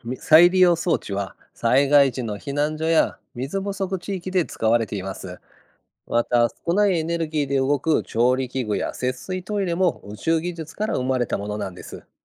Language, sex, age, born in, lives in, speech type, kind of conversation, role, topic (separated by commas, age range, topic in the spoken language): Japanese, male, 50-54, Japan, Japan, read, expert-assistant, expert, 火星への有人探査計画にはどのような利点と課題がありますか？